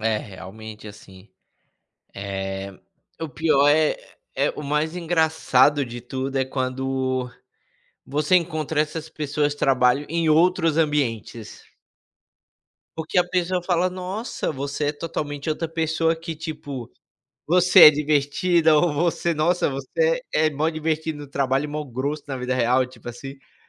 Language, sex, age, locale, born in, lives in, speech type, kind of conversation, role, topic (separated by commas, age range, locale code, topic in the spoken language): Portuguese, male, 25-29, pt-BR, Brazil, United States, conversation, unstructured, none, Você já precisou esconder uma parte de você para se encaixar?